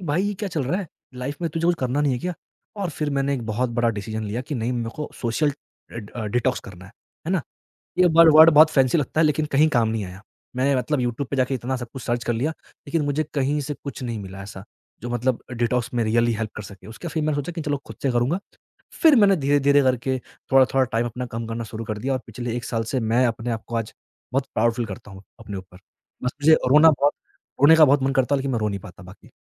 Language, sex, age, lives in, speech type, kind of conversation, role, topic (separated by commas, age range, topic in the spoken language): Hindi, male, 20-24, India, conversation, podcast, guest, Social media ka tumhari self-acceptance par kya asar padta hai?